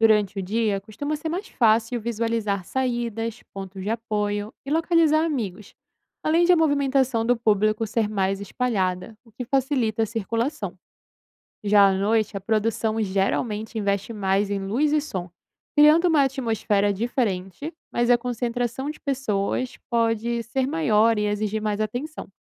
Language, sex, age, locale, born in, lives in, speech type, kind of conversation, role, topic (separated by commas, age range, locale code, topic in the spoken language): Portuguese, female, 20-24, pt-BR, Brazil, Italy, read, expert-assistant, expert, Qual é a melhor forma de começar a explorar eventos culturais na cidade?